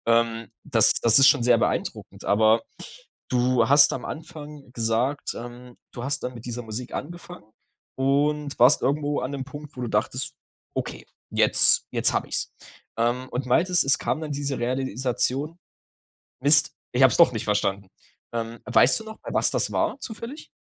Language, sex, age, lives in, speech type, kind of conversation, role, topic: German, male, 20-24, Germany, conversation, podcast, host, Wie testest du, ob du ein Thema wirklich verstanden hast?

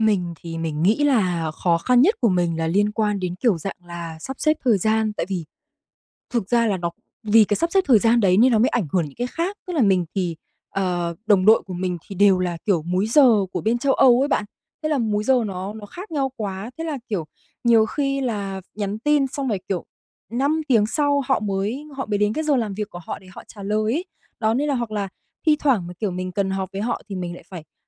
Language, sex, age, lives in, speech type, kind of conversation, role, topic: Vietnamese, female, 25-29, Vietnam, conversation, advice, user, Làm thế nào để thích nghi với việc phải làm việc từ xa sau nhiều năm làm việc tại văn phòng?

